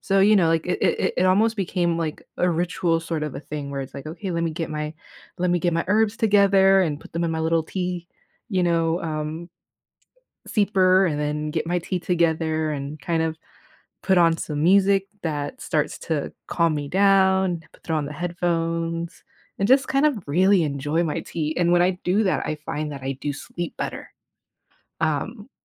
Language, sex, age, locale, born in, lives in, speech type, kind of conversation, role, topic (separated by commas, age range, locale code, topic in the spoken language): English, female, 35-39, en-US, United States, United States, conversation, podcast, host, How can small changes in your daily routine lead to better sleep quality?